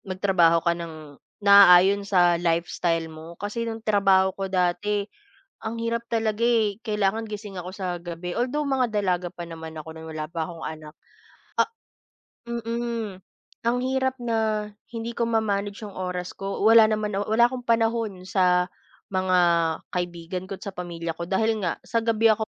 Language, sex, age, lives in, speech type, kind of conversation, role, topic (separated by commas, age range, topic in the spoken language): Filipino, female, 25-29, Philippines, conversation, unstructured, none, Anong trabaho ang nagpapasaya sa’yo?